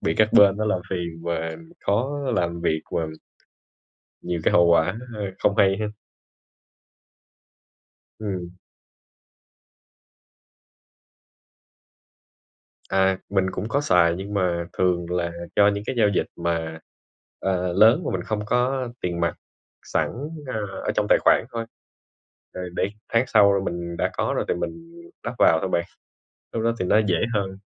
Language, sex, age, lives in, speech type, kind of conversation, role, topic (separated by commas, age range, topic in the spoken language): Vietnamese, male, 25-29, Vietnam, conversation, unstructured, none, Làm thế nào để quản lý chi tiêu một cách hiệu quả?